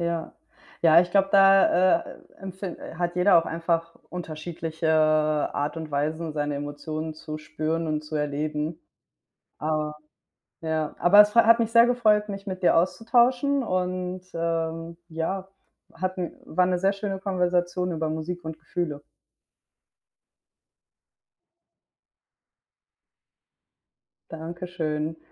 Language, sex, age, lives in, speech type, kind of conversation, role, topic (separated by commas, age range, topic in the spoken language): German, female, 25-29, Spain, conversation, unstructured, none, Wie beeinflusst Musik deine Emotionen?